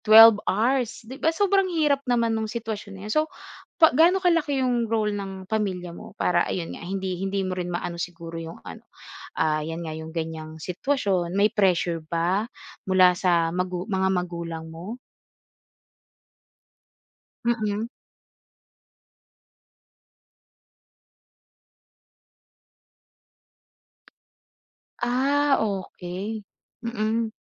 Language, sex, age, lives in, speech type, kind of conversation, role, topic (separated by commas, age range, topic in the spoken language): Filipino, female, 25-29, Philippines, conversation, podcast, host, Paano ka nagdedesisyon kung mag-aaral ka pa o magtatrabaho na agad?